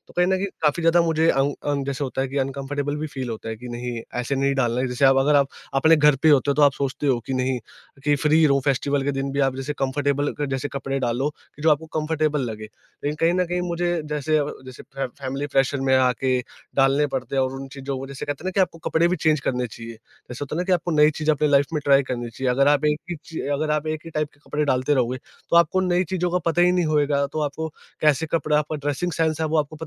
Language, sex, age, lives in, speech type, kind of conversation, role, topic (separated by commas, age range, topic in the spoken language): Hindi, male, 20-24, India, conversation, podcast, guest, Festivals mein dressing tumhare liye kitni important hoti hai?